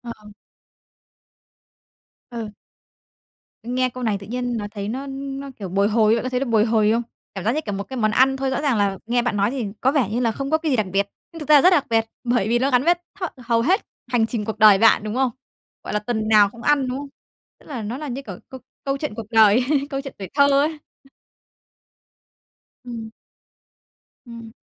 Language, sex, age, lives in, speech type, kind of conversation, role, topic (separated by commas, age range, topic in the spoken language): Vietnamese, female, 30-34, Vietnam, conversation, podcast, host, Món ăn gia truyền đã ảnh hưởng đến bạn như thế nào?